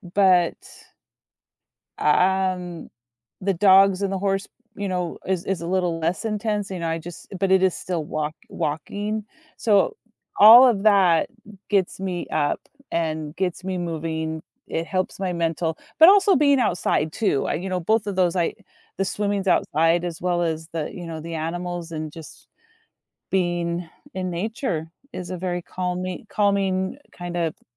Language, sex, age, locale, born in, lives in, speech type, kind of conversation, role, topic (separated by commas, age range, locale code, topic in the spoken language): English, female, 50-54, en-US, United States, United States, conversation, podcast, guest, What habits or routines help you start your day on a positive note?